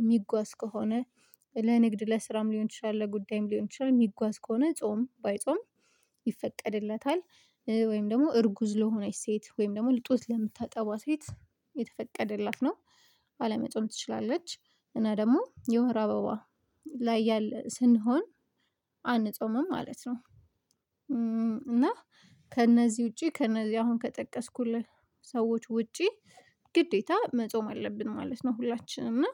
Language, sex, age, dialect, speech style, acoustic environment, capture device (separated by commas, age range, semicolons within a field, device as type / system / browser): Amharic, female, 25-34, Ethiopia - Addis Ababa, focused, silence, mobile / Linux / Chrome